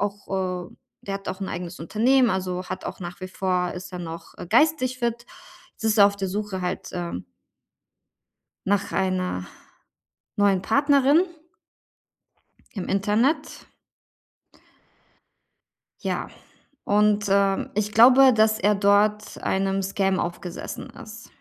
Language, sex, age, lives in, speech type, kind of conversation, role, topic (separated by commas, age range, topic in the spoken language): German, female, 35-39, Germany, conversation, advice, user, Wie kann ich klare Grenzen setzen, ohne die Beziehung zu verletzen?